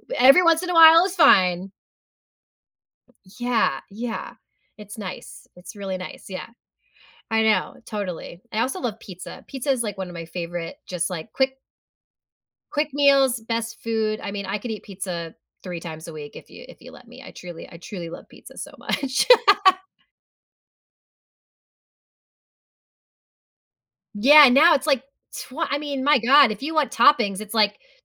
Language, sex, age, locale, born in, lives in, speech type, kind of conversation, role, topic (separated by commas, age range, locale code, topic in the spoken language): English, female, 35-39, en-US, United States, United States, conversation, podcast, guest, Why do shared meals play such an important role in connecting people?